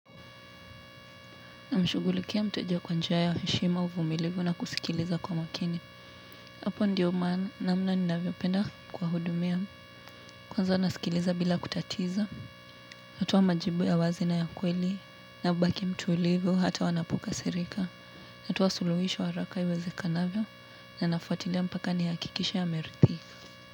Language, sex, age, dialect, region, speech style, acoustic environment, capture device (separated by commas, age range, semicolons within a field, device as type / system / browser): Swahili, female, 18-24, Kenya - Nairobi Sheng-influenced, Kenya, relaxed, construction; appliances; traffic; people talking, mobile / Linux / Chrome